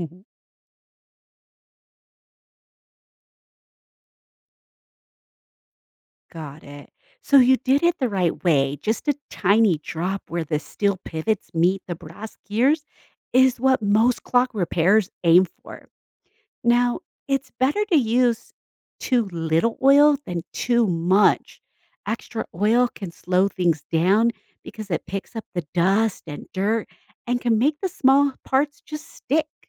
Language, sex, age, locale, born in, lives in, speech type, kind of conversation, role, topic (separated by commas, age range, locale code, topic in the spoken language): English, female, 40-44, en-US, United States, United States, read, expert-assistant, expert, How does a clock keep ticking accurately?